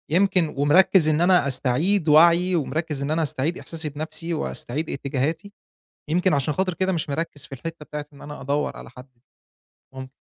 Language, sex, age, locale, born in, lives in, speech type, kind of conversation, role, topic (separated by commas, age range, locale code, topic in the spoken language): Arabic, male, 25-29, ar-EG, Egypt, Egypt, conversation, advice, user, إزاي أبدأ أستعيد إحساسي بنفسي وباتجاهي في حياتي بعد الانفصال؟